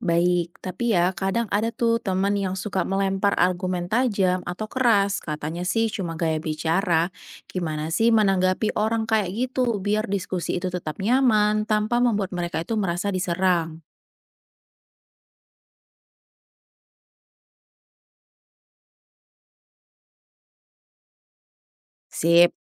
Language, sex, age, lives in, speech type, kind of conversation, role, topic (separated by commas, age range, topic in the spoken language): Indonesian, female, 30-34, Indonesia, read, expert-assistant, asker, Bagaimana cara berdiskusi tentang novel sastra kontemporer dengan orang yang punya pandangan berbeda tanpa terjadi debat panas?